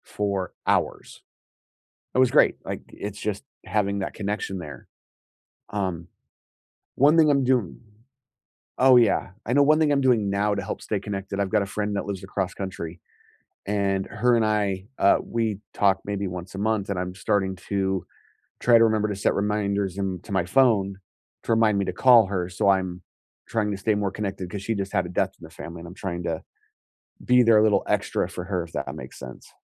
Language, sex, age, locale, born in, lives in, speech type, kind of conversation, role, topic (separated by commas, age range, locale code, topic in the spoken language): English, male, 40-44, en-US, United States, United States, conversation, unstructured, none, What helps you stay truly connected with friends through moves, milestones, and changing priorities?